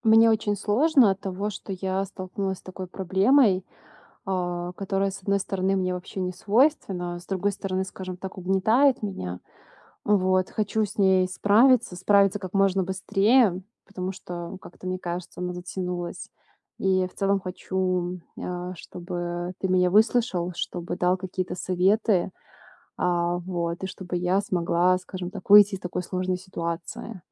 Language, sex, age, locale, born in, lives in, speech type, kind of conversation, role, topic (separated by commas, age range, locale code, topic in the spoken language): Russian, female, 25-29, ru-RU, Belarus, Italy, conversation, advice, user, Как мне найти время для своих увлечений и начать ими регулярно заниматься?